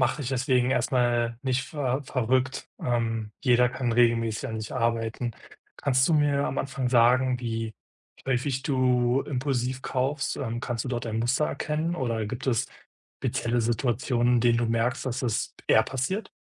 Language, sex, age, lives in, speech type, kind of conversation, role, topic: German, male, 25-29, Germany, conversation, advice, advisor, Wie schaffst du es, regelmäßig Geld zu sparen, statt impulsiv einzukaufen?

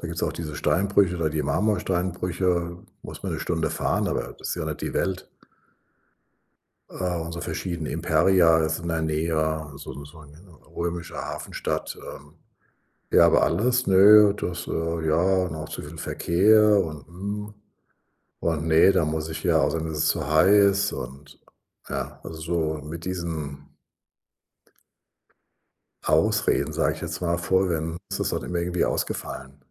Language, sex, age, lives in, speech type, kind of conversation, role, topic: German, male, 60-64, Germany, conversation, advice, user, Wie finde ich im Urlaub die richtige Balance zwischen Entspannung und Aktivitäten?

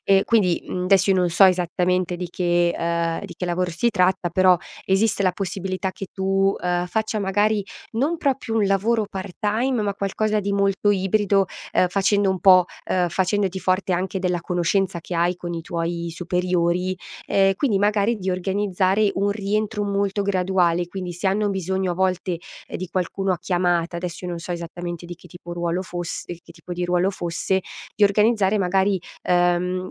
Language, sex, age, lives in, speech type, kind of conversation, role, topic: Italian, female, 30-34, Italy, conversation, advice, advisor, Come posso affrontare la paura del futuro se non ho un fondo di emergenza né un piano pensionistico?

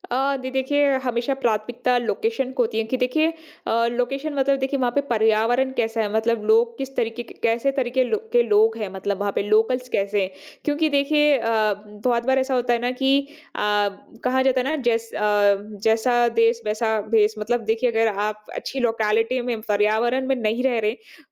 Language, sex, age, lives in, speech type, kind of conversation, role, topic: Hindi, female, 25-29, India, conversation, podcast, guest, घर खरीदने और किराये पर रहने के बीच आप फैसला कैसे लेते हैं?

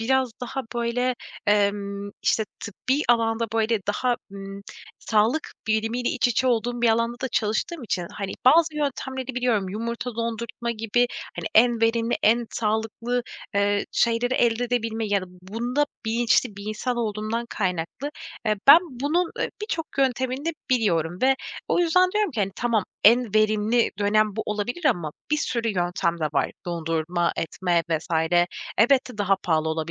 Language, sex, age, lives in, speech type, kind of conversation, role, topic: Turkish, female, 25-29, Poland, conversation, advice, user, Evlilik ve çocuk gibi büyük yaşam hedeflerinde anlaşmazlıklarla nasıl başa çıkılır?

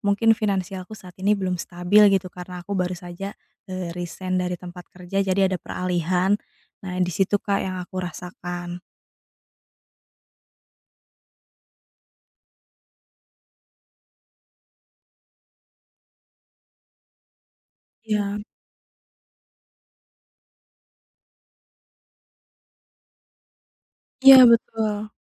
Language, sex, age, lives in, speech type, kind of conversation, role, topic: Indonesian, female, 30-34, Indonesia, conversation, advice, user, Mengapa saya terus membandingkan diri saya dengan orang lain?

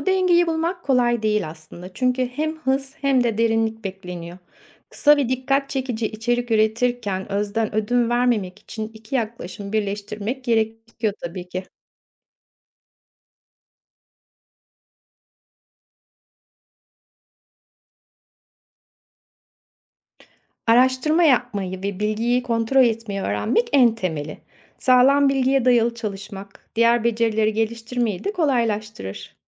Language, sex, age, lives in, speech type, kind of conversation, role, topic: Turkish, female, 50-54, Spain, read, expert-assistant, expert, İyi bir gazeteci olmak için hangi beceriler üzerinde çalışmak gerekir?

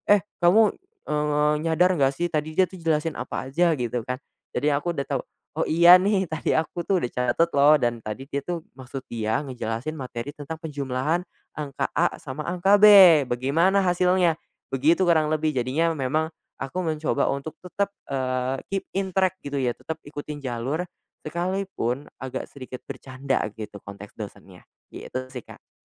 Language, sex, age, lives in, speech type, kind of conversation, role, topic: Indonesian, male, 20-24, Indonesia, conversation, podcast, guest, Kebiasaan kecil apa yang bisa membantu proses mengulang pelajaran jadi lebih lancar?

